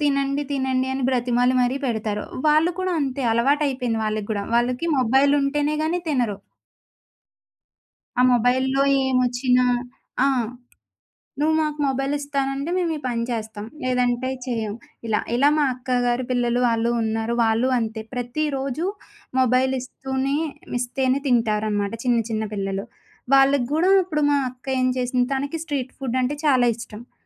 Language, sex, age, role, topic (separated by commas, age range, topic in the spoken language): Telugu, female, 25-29, guest, మీకు ప్రత్యేకంగా గుర్తుండిపోయిన వీధి ఆహారం గురించి చెప్పగలరా?